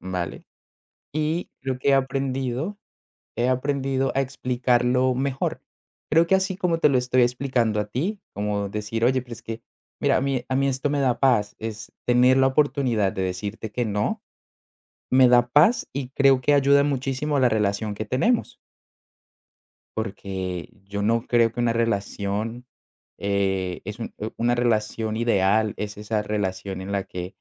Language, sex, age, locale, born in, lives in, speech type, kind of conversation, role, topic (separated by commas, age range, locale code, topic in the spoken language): Spanish, male, 25-29, es-CO, Colombia, Netherlands, conversation, podcast, guest, ¿Cómo estableces límites sin sentirte egoísta?